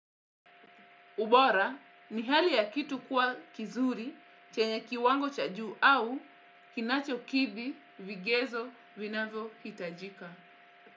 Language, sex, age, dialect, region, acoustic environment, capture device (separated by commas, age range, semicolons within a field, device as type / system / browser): Swahili, female, 25-34, Kenya - Mombasa, Kenya, silence, desktop / Windows / Edge